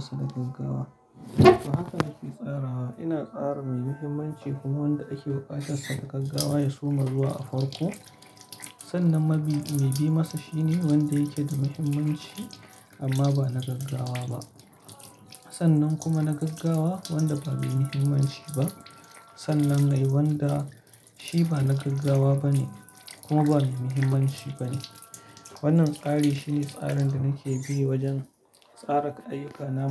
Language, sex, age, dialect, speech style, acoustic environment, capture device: Hausa, male, 35-44, Nigeria - Kano, happy, silence, mobile / Linux / Chrome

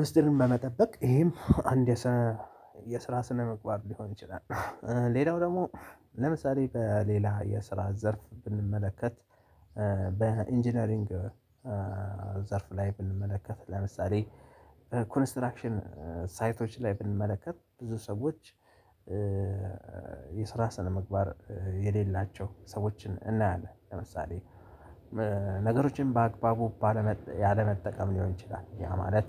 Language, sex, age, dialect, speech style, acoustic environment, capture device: Amharic, male, 35-44, Ethiopia - Addis Ababa, relaxed, nature, mobile / Linux / Chrome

